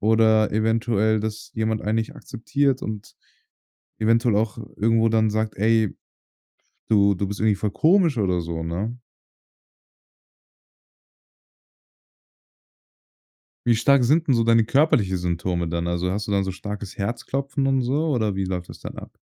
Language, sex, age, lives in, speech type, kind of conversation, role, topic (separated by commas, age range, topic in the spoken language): German, male, 18-19, Germany, conversation, advice, advisor, Wie äußert sich deine soziale Angst bei Treffen oder Alltagsgesprächen?